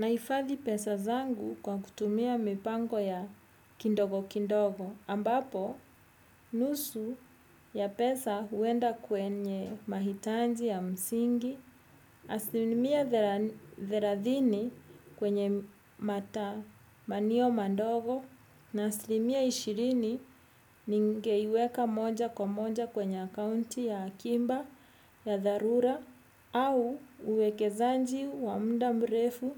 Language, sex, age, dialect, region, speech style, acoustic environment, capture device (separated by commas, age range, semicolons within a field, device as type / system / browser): Swahili, female, 35-44, Kenya - Nairobi Sheng-influenced, Kenya, happy, music; people talking; traffic; construction; appliances; nature, desktop / Windows / Edge